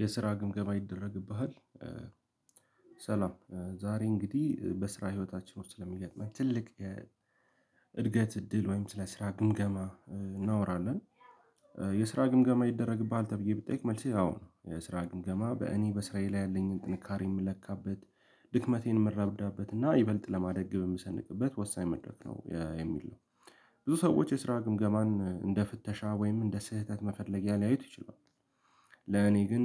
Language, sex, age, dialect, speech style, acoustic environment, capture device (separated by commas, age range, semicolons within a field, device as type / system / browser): Amharic, male, 18-24, Ethiopia - Addis Ababa, happy; anxious; focused, people talking; music, mobile / Linux / Chrome